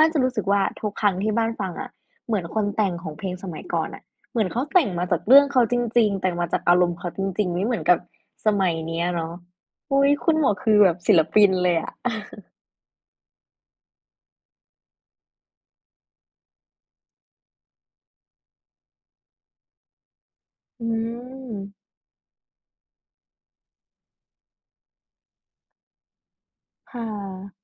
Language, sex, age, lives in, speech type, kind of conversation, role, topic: Thai, female, 20-24, Thailand, conversation, unstructured, none, คุณชอบฟังเพลงเก่าๆ เพลงไหนที่ทำให้คุณคิดถึงอดีตมากที่สุด?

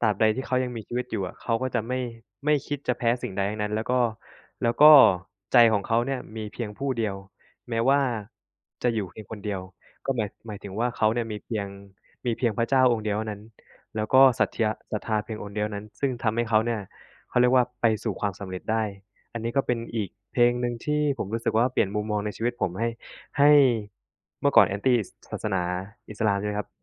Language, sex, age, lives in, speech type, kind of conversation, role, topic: Thai, male, 25-29, Thailand, conversation, unstructured, none, เพลงไหนที่เคยให้แรงบันดาลใจกับคุณมากที่สุด?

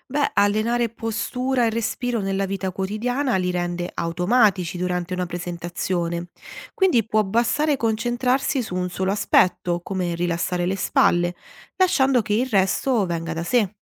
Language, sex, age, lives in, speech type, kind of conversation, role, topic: Italian, female, 30-34, Italy, read, expert-assistant, expert, Come si impara a comunicare con il pubblico in modo efficace nel broadcasting?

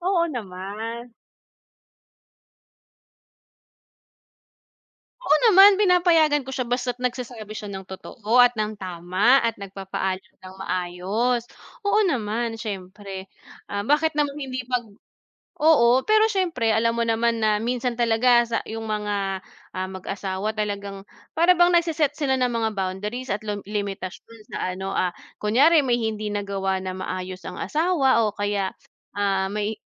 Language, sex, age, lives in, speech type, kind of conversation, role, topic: Filipino, female, 30-34, Philippines, conversation, unstructured, none, Ano ang inyong mga paraan upang mabuo at mapanatili ang tiwala sa inyong kapareha?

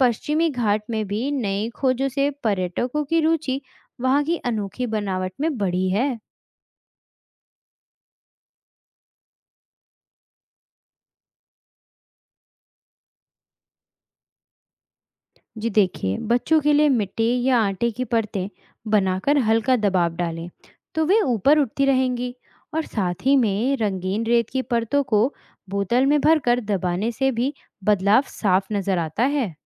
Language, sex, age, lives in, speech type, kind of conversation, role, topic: Hindi, female, 20-24, India, read, expert-assistant, expert, क्या आप किसी पहाड़ी के बनने की प्रक्रिया को समझाने के लिए कोई रोज़मर्रा की ज़िंदगी से उदाहरण या उपमा दे सकते हैं?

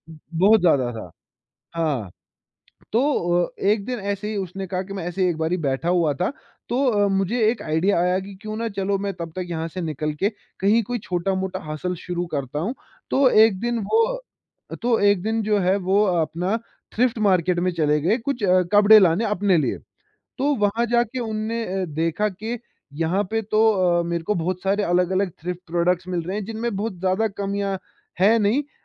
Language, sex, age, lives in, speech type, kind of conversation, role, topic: Hindi, male, 20-24, India, conversation, podcast, guest, Side hustle shuru karne ka idea aapko kahan se aaya?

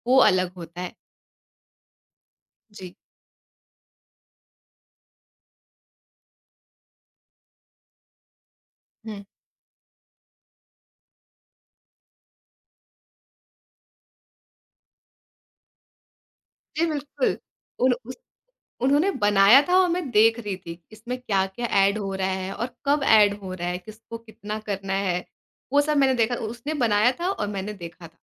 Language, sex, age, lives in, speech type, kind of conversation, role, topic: Hindi, female, 20-24, India, conversation, podcast, guest, Kisi local ne tumhe cooking ya craft sikhaya — experience kaisa tha?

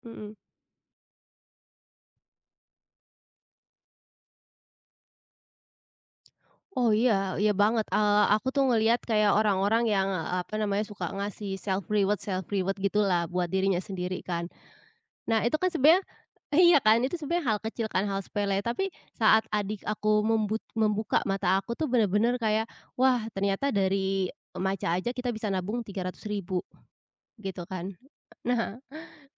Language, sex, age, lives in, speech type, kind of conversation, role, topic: Indonesian, female, 25-29, Indonesia, conversation, podcast, guest, Kapan kamu pertama kali menyadari pentingnya menabung atau mengatur keuangan?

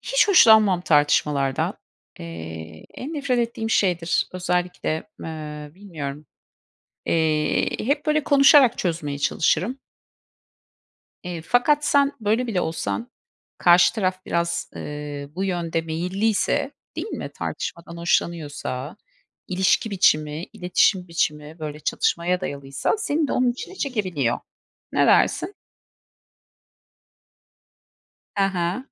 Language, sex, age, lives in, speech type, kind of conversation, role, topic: Turkish, female, 45-49, United States, conversation, unstructured, none, Romantik bir ilişkide sürekli tartışmak normal mi?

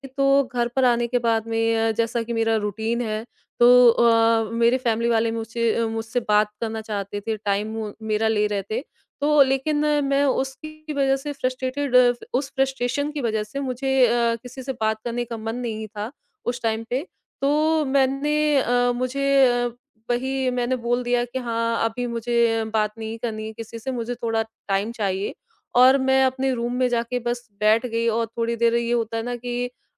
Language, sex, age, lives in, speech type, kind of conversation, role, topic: Hindi, female, 30-34, India, conversation, podcast, guest, Ghar par stress ko aap kaise manage karte ho?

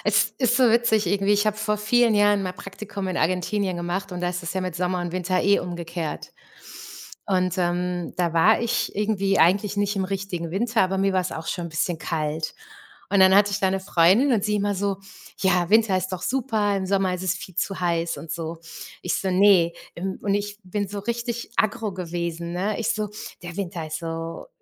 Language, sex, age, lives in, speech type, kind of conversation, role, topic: German, female, 45-49, Germany, conversation, unstructured, none, Wenn du dich zwischen Sommer und Winter entscheiden müsstest, welche Jahreszeit würdest du wählen?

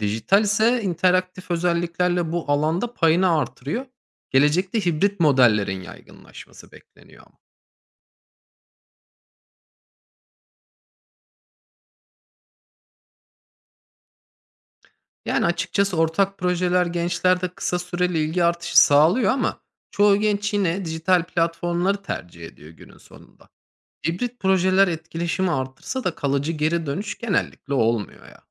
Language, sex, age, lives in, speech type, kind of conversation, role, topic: Turkish, male, 30-34, Germany, read, expert-assistant, expert, Dijital yayın platformlarının geleneksel televizyon izleyicisini hızla azalttığı iddiası gerçek mi, yoksa abartılıyor mu?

